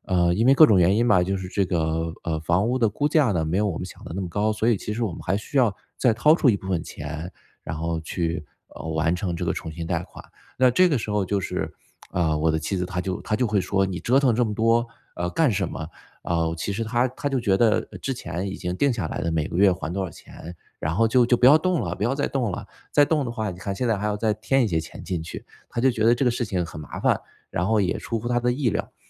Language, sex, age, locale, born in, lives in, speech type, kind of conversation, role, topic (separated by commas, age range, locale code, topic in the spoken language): Chinese, male, 35-39, zh-CN, China, United States, conversation, advice, user, 我们该如何开始讨论未来的经济与财务目标？